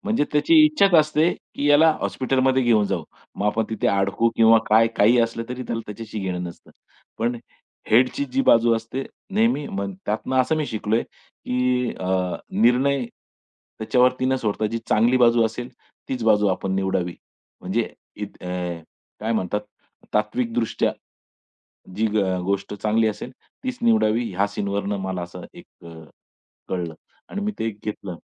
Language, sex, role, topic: Marathi, male, guest, तुमचा सर्वकाळातील आवडता चित्रपट कोणता आहे आणि तो तुम्हाला का आवडतो?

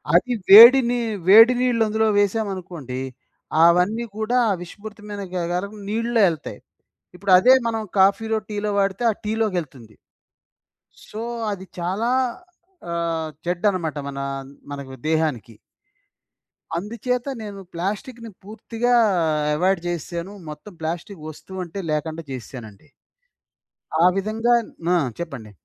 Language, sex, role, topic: Telugu, male, guest, పర్యావరణాన్ని గౌరవించేలా మీ రోజువారీ అలవాట్లలో ఎలాంటి మార్పులు చేశారా?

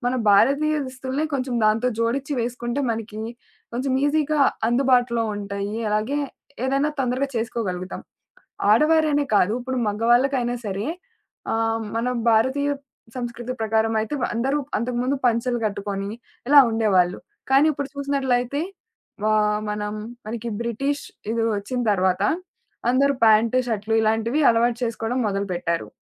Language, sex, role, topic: Telugu, female, guest, మీ స్టైల్ ద్వారా మీరు ఎవరో ఎలా చూపిస్తారు?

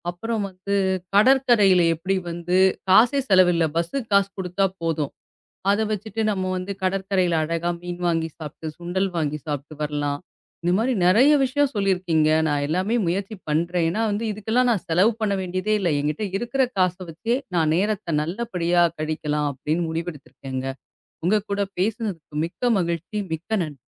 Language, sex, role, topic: Tamil, female, host, சிறு செலவில் மிகப் பெரிய மகிழ்ச்சி தரும் பொழுதுபோக்கு எது?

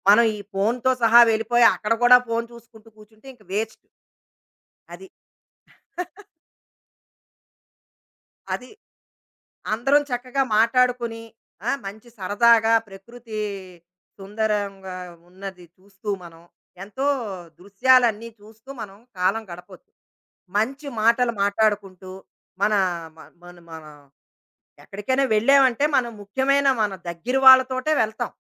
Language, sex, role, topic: Telugu, female, guest, సోషల్ మీడియాలో ఆరోగ్యంగా ఉండటానికి మీ సూచనలు ఏమిటి?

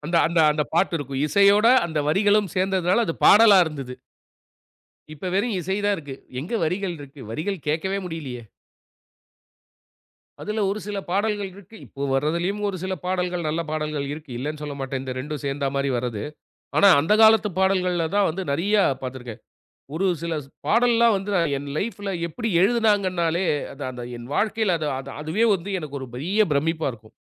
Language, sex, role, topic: Tamil, male, guest, பழைய பாடல்கள் உங்களை எந்தெந்த நினைவுகளுக்குள் இழுத்துச் செல்கின்றன?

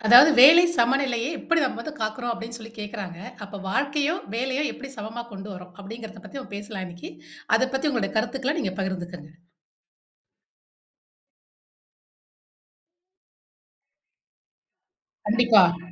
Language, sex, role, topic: Tamil, female, host, வேலை-வாழ்க்கை சமநிலையை நீங்கள் எப்படிக் காக்கிறீர்கள்?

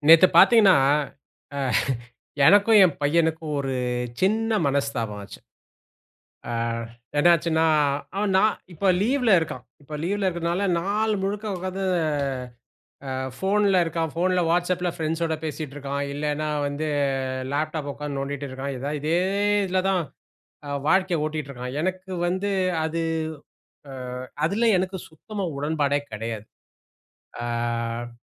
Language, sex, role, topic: Tamil, male, host, மொபைல் அழைப்புகள் மற்றும் குறுஞ்செய்திகளுக்கு எல்லை வைக்க வேண்டிய நேரம் வந்தால், அதை மற்றவர்களுக்கு மரியாதையாக எப்படி தெரிவிப்பீர்கள்?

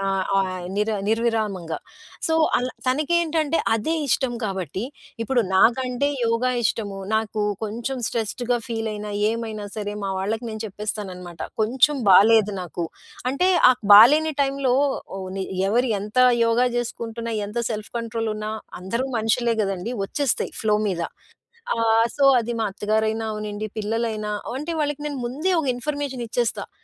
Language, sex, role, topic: Telugu, female, guest, వ్యాయామం మీ ఒత్తిడిని తగ్గించడంలో మీకు ఎలా సహాయపడింది?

